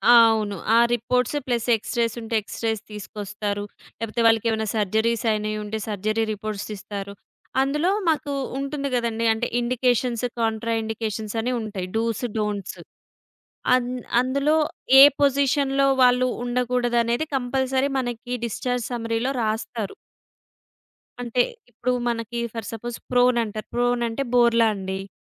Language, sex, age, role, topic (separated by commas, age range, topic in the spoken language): Telugu, female, 30-34, guest, హాబీని వృత్తిగా మార్చిన తర్వాత మీ జీవితంలో ఎలాంటి మార్పులు వచ్చాయో చెప్పగలరా?